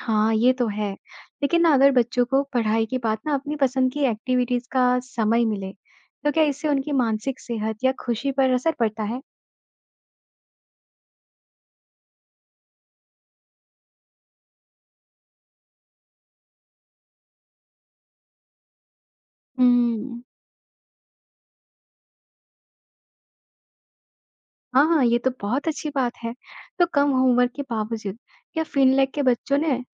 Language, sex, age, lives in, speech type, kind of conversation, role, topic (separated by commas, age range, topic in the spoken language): Hindi, male, 55-59, India, read, expert-assistant, asker, क्या आप बता सकते हैं कि भारत की और फिनलैंड की शिक्षा प्रणालियों में मुख्य अंतर क्या हैं, और ये बच्चों के सीखने पर कैसे असर डालते हैं?